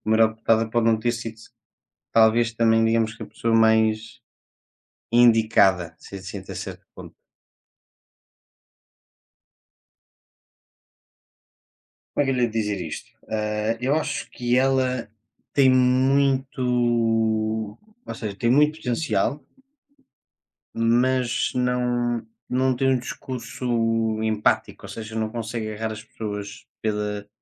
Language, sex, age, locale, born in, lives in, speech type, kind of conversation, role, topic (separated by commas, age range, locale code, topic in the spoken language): Portuguese, male, 20-24, pt-PT, Portugal, Portugal, conversation, unstructured, none, Como você vê a participação das mulheres na política hoje em dia?